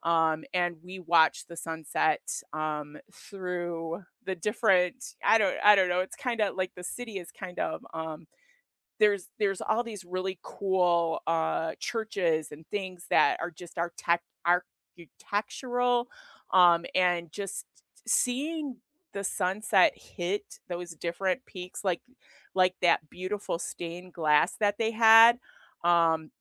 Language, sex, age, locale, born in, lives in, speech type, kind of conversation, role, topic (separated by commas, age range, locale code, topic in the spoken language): English, female, 55-59, en-US, United States, United States, conversation, unstructured, none, What is your favorite sunrise or sunset spot that you discovered while traveling?